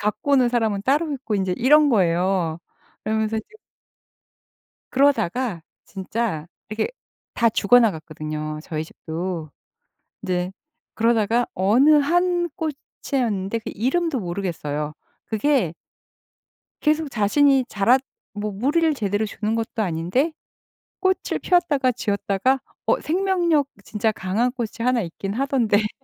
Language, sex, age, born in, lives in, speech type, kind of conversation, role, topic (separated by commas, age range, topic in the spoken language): Korean, female, 55-59, South Korea, United States, conversation, podcast, host, 식물을 키우면서 인내에 대해 무엇을 배우셨나요?